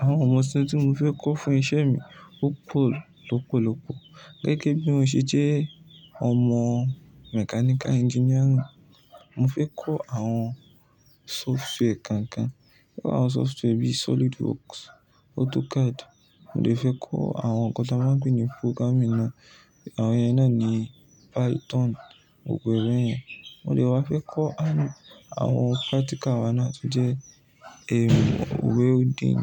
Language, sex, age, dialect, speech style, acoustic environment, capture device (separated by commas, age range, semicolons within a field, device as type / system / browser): Yoruba, male, 18-24, Nigeria - Ibadan, relaxed, silence; nature, mobile / Linux / Chrome